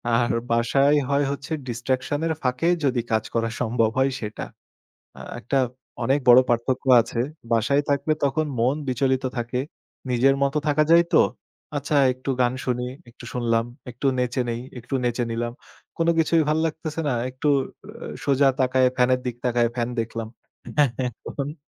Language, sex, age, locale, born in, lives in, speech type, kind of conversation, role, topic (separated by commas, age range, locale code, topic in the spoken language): Bengali, male, 25-29, bn-BD, Bangladesh, Bangladesh, conversation, podcast, guest, মনোযোগের বিঘ্ন কাটাতে আপনার কোনো কার্যকর কৌশল আছে কি?